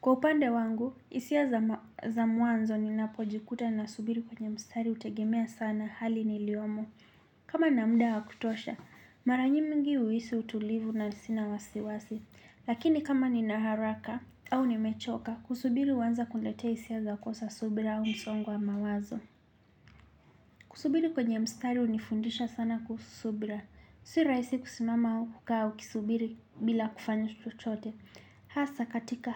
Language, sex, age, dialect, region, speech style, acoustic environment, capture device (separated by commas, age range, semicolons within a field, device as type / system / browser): Swahili, male, 25-34, Kenya - Nairobi Sheng-influenced, Kenya, relaxed, nature, desktop / Linux / Chrome